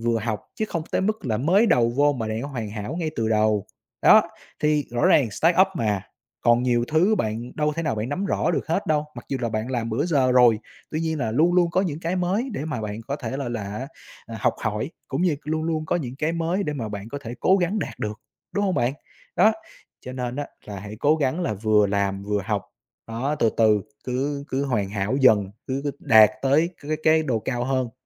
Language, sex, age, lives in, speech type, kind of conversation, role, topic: Vietnamese, male, 25-29, Vietnam, conversation, advice, advisor, Làm sao vượt qua cảm giác tự nghi ngờ và thiếu tự tin khi lãnh đạo một công ty khởi nghiệp?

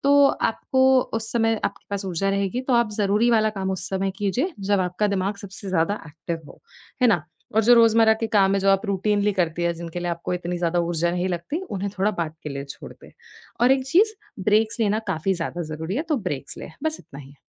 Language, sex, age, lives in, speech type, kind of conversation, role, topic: Hindi, female, 20-24, India, conversation, advice, advisor, समय और प्राथमिकताओं का प्रबंधन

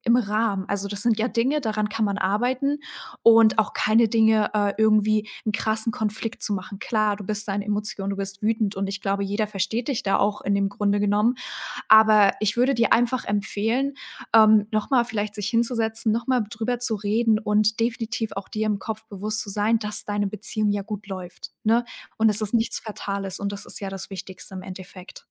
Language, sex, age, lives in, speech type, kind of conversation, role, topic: German, female, 18-19, Germany, conversation, advice, advisor, Warum eskalieren meine Beziehungskonflikte immer wieder auf die gleiche Weise?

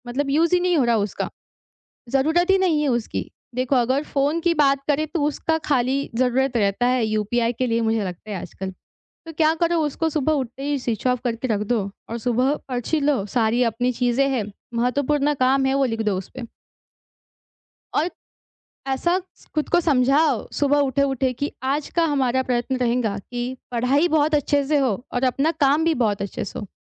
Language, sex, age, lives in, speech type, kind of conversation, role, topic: Hindi, female, 20-24, India, conversation, advice, advisor, मैं कैसे तय करूँ कि मेरे लिए सबसे ज़रूरी क्या है?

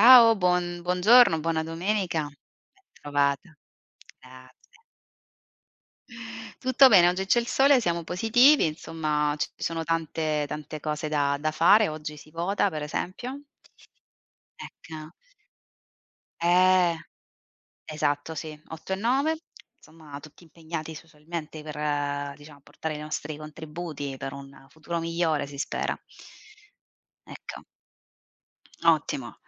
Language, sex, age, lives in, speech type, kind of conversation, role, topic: Italian, female, 35-39, Italy, conversation, unstructured, none, Come hai incontrato la tua prima cotta?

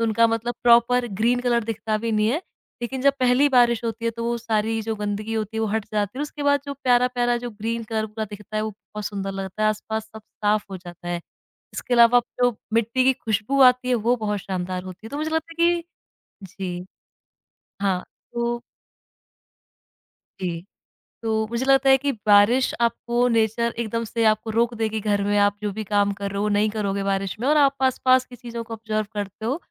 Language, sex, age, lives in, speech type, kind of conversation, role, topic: Hindi, female, 25-29, India, conversation, podcast, guest, Barish mein tumhara prakriti se rishta kaise badalta hai?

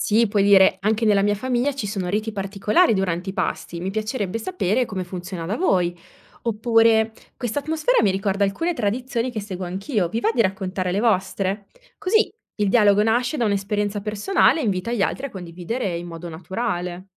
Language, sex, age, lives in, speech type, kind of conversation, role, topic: Italian, female, 30-34, Italy, read, expert-assistant, expert, Come si può avvicinarsi al confronto tra religioni in modo aperto e rispettoso?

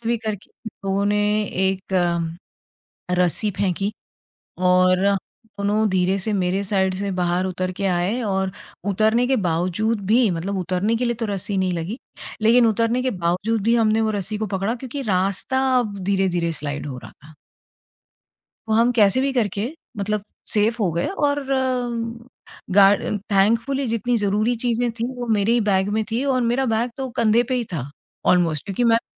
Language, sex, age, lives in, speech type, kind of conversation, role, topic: Hindi, female, 45-49, India, conversation, podcast, guest, Kya tumne kabhi apni gut feeling ko nazarandaaz kiya aur baad mein problem hui—us se tumne kya seekha?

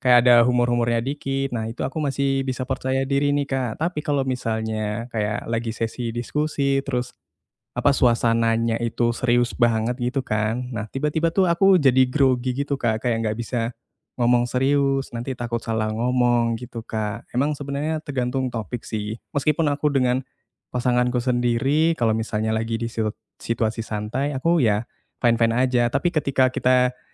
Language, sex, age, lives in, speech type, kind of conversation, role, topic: Indonesian, male, 20-24, Indonesia, conversation, advice, user, Bagaimana caranya membangun kepercayaan diri secara konsisten setiap hari?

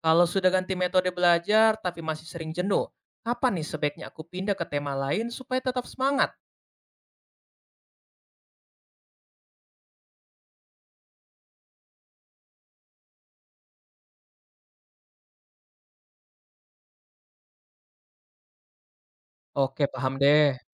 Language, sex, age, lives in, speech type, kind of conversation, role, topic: Indonesian, male, 20-24, Indonesia, read, expert-assistant, asker, Bagaimana membuat jadwal rutin untuk mempelajari misteri samudra tanpa merasa kewalahan?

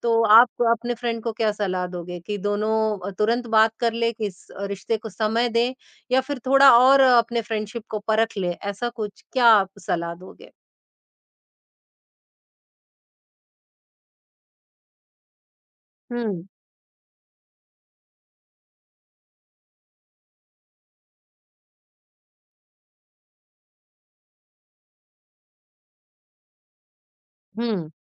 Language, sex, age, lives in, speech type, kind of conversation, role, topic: Hindi, male, 50-54, India, conversation, podcast, host, माफ़ी के बाद भी मन में रंजिश रहे तो क्या करें?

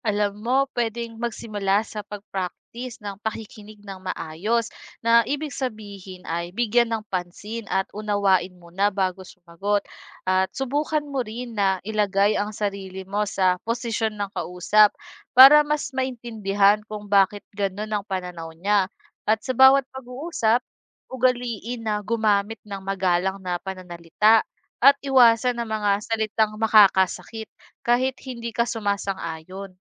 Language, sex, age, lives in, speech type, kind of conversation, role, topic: Filipino, female, 25-29, Philippines, read, expert-assistant, expert, Bakit mahalaga ang paggalang sa opinyon ng iba sa isang talakayan?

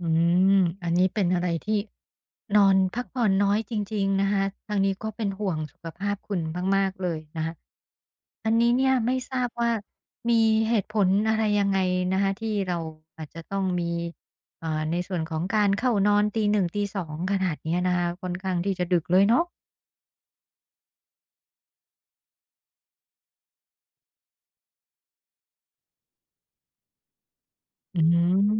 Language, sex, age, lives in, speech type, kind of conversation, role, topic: Thai, female, 50-54, Thailand, conversation, advice, advisor, ฉันควรทำอย่างไรเพื่อเพิ่มคุณภาพการนอนและตื่นเช้ามีพลังมากขึ้น?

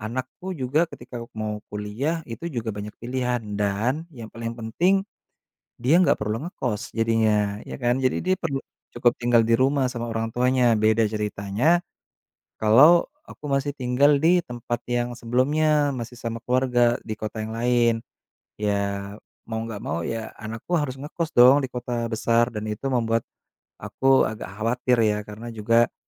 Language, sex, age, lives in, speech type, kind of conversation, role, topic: Indonesian, male, 30-34, Indonesia, conversation, podcast, guest, Bagaimana pengalaman migrasi memengaruhi rasa memiliki seseorang?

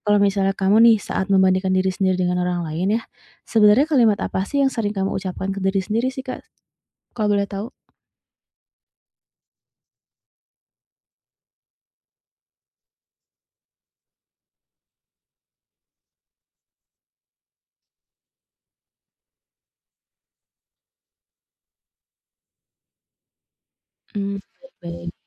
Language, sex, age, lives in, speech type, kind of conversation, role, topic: Indonesian, female, 35-39, Indonesia, conversation, advice, advisor, Bagaimana cara membangun rasa percaya diri yang tahan lama?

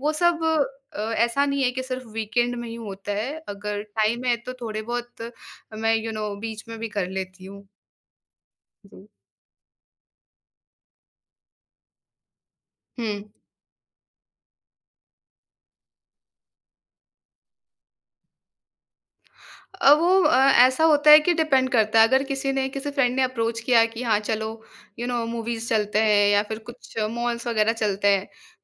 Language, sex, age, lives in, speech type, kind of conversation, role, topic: Hindi, female, 20-24, India, conversation, podcast, guest, Weekend पर आराम करने का तुम्हारा आदर्श प्लान क्या होता है?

